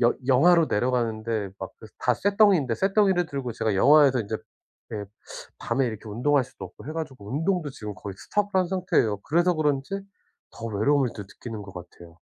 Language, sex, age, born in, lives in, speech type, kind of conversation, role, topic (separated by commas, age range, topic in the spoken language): Korean, male, 40-44, South Korea, United States, conversation, advice, user, 휴일에 외로움과 소외감을 자주 느낄 때 어떻게 하면 좋을까요?